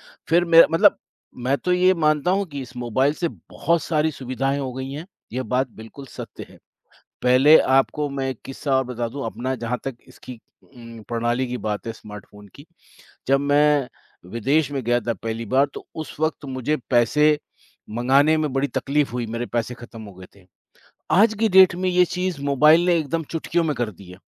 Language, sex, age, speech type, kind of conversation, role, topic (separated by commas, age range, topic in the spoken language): Hindi, male, 55-59, conversation, unstructured, none, स्मार्टफोन ने आपकी दिनचर्या को कैसे बदला है?